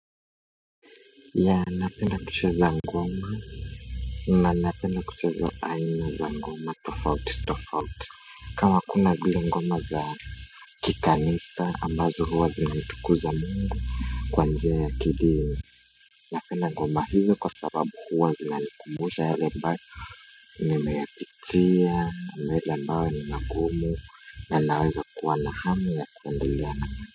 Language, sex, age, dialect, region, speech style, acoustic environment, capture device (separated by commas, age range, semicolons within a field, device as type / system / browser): Swahili, male, 18-24, Kenya - Nairobi Sheng-influenced, Kenya, happy; anxious; excited; nervous; focused; tired; relaxed; stressed, appliances; silence; people talking; music; nature; construction; traffic, mobile / Linux / Chrome